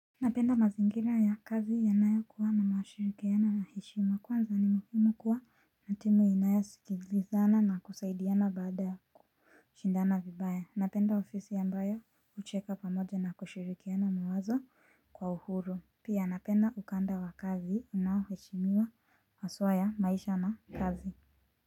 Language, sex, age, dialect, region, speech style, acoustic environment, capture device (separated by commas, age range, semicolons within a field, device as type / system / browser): Swahili, female, 25-34, Kenya - Nairobi Sheng-influenced, Kenya, relaxed, silence, mobile / Linux / Chrome